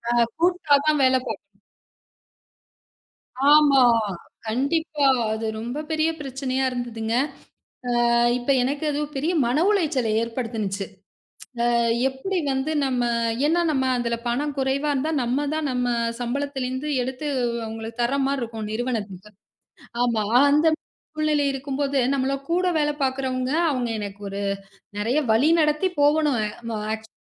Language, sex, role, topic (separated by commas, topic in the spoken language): Tamil, female, guest, பணியில் கவனம் சிதறும்போது அதை நீங்கள் எப்படி சமாளிக்கிறீர்கள்?